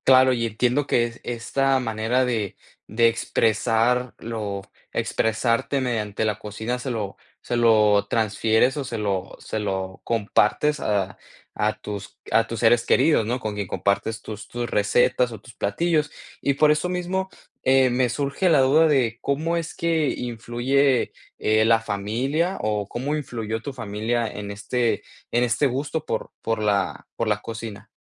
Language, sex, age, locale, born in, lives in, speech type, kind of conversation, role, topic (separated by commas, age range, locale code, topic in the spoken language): Spanish, male, 20-24, es-MX, Mexico, United States, conversation, podcast, host, ¿Qué papel juega la comida en tu identidad?